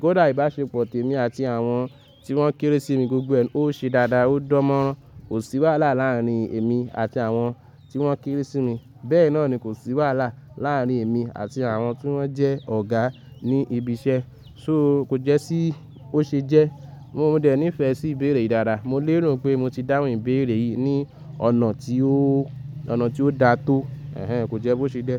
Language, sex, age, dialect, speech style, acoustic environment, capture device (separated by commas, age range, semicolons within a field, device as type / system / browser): Yoruba, male, 25-34, Nigeria - Lagos Yoruba, excited, nature, mobile / Linux / Chrome